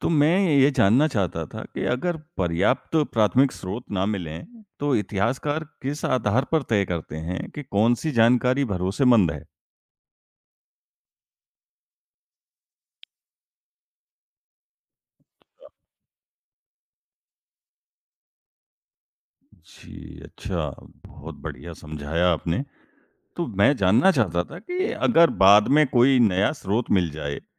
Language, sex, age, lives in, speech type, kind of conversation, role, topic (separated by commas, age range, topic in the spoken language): Hindi, male, 20-24, India, read, expert-assistant, asker, अगर दो अलग-अलग लेखकों ने एक ही कहानी पर किताब लिखी है, तो कैसे पता करें कि किसकी बात ज्यादा सही या भरोसेमंद है?